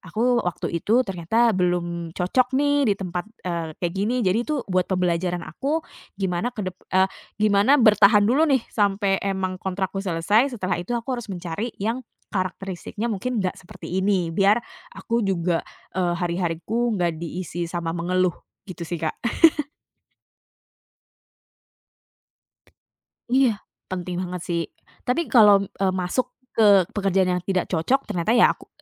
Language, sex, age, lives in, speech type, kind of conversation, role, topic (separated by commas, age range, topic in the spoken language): Indonesian, female, 30-34, Indonesia, conversation, podcast, guest, Apa tipsmu untuk mencari pekerjaan yang paling cocok buatmu?